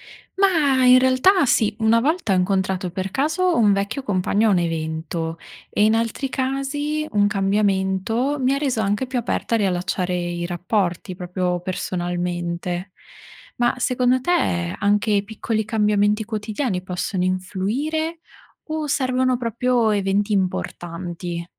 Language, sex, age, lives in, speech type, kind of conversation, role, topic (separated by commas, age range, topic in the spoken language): Italian, female, 25-29, Italy, read, expert-assistant, asker, Come possiamo adattare i nostri obiettivi quando la vita ci sorprende con nuove opportunità o sfide?